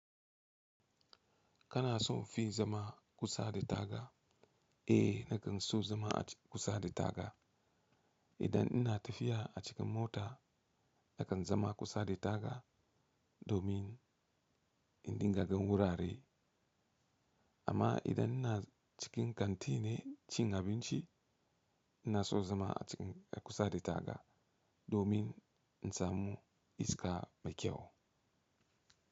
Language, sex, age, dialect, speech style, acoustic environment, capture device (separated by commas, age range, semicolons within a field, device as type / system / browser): Hausa, male, 35-44, Nigeria - Katsina, relaxed, silence, mobile / Linux / Chrome